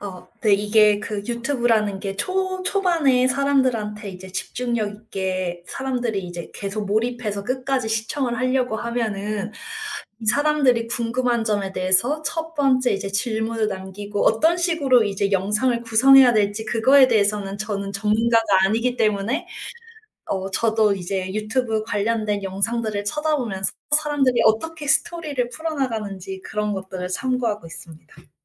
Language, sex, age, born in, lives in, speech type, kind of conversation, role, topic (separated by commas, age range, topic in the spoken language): Korean, female, 35-39, South Korea, United States, conversation, podcast, guest, 창작 아이디어는 보통 어디서 얻으시나요?